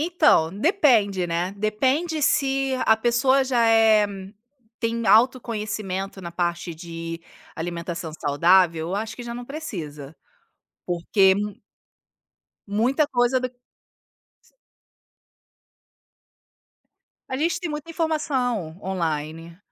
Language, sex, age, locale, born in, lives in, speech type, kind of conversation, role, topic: Portuguese, female, 40-44, pt-BR, Brazil, Italy, conversation, podcast, guest, O que te ajuda a resistir aos alimentos ultraprocessados?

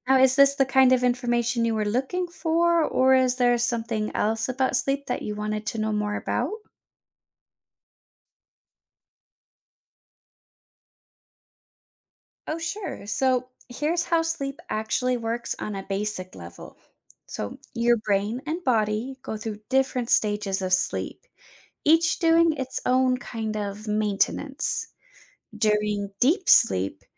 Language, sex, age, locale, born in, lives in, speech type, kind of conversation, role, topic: English, female, 30-34, en-US, United States, United States, read, expert-assistant, expert, How can you improve your sleep and why is it important?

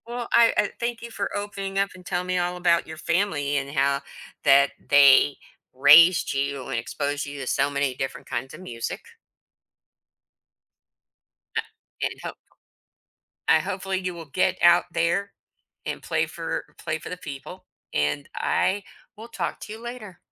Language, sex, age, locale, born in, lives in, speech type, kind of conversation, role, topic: English, female, 55-59, en-US, United States, United States, conversation, podcast, host, What experiences or influences sparked your passion for music?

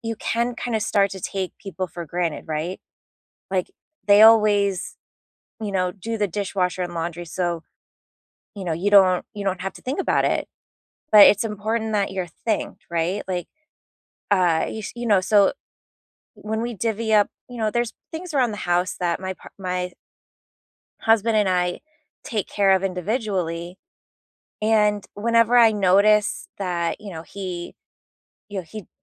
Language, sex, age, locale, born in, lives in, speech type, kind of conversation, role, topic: English, female, 35-39, en-US, United States, United States, conversation, unstructured, none, What helps you feel truly appreciated in relationships, and how can we support each other?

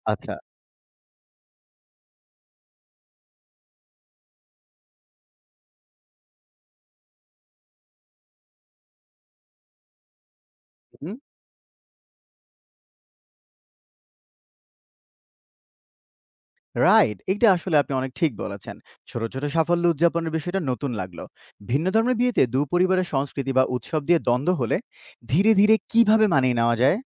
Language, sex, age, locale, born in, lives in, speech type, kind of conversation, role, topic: Bengali, male, 30-34, bn-BD, Bangladesh, Bangladesh, read, expert-assistant, asker, ভিন্ন ধর্মের বিয়েতে সাধারণত কী ধরনের সমস্যা দেখা যায়, এবং ধর্মবিশেষে এই সমস্যাগুলো কতটা আলাদা?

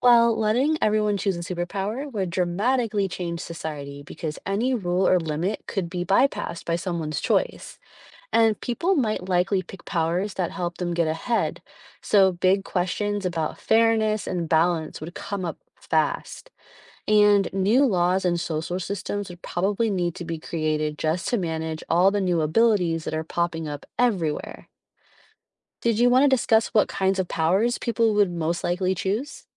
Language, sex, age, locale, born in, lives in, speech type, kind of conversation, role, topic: English, female, 35-39, en-US, United States, United States, read, expert-assistant, expert, What would happen if everyone chose a superpower?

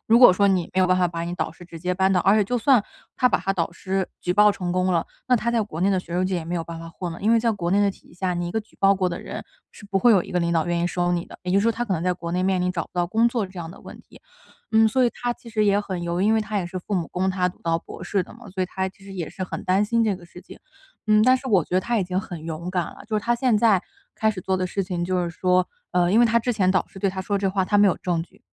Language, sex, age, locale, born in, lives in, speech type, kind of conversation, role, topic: Chinese, female, 25-29, zh-CN, China, United States, conversation, advice, user, 我怎样才能更好地倾听并共情朋友？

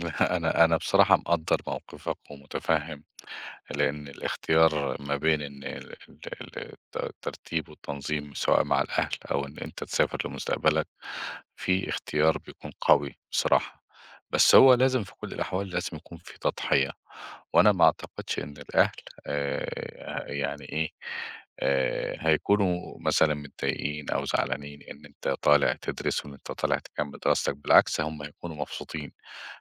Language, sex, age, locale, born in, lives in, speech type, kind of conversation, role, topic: Arabic, male, 45-49, ar-EG, Egypt, Portugal, conversation, advice, advisor, هل أوافق على برنامج دراسي بعيد عن أهلي ولا أرفض؟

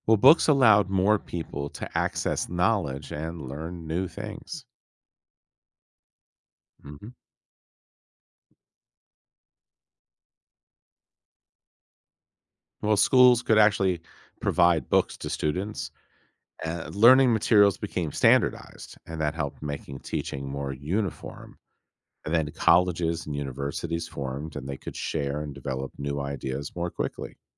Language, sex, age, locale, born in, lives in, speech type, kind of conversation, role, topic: English, male, 50-54, en-US, United States, United States, read, expert-assistant, expert, How did the invention of the printing press impact society?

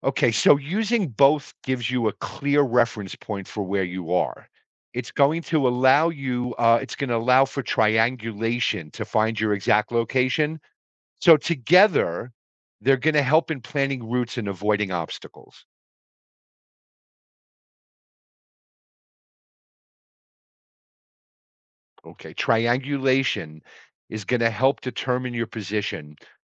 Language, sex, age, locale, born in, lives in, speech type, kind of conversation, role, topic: English, male, 55-59, en-US, United States, United States, read, expert-assistant, expert, How does a compass help with navigation?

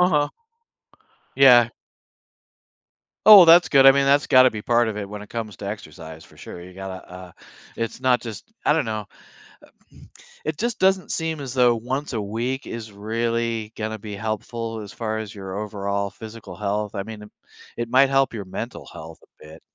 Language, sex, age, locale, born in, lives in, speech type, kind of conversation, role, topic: English, male, 60-64, en-US, United States, United States, conversation, podcast, host, Why do small everyday actions often lead to significant personal growth over time?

